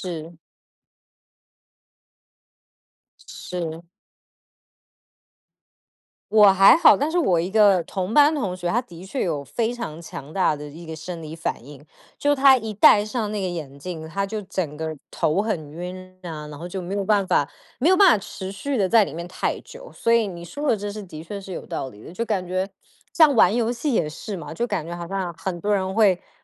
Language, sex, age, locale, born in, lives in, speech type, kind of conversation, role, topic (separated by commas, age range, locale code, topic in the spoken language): Chinese, female, 30-34, zh-TW, Taiwan, United States, conversation, unstructured, none, 虚拟现实会影响我们的学习吗？